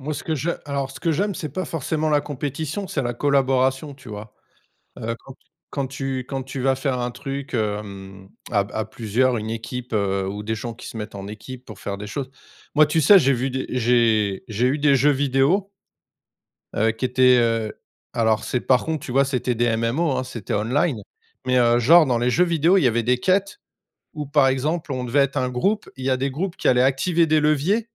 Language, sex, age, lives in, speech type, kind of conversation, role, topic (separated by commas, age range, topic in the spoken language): French, male, 45-49, France, conversation, unstructured, none, Comment les jeux vidéo peuvent-ils aider à apprendre ?